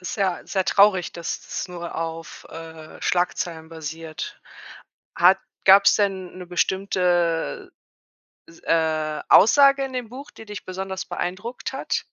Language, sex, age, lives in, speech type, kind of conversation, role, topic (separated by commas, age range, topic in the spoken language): German, female, 35-39, Germany, conversation, podcast, host, Wie würdest du dein Lieblingsbuch beschreiben, und warum ist es dein Lieblingsbuch?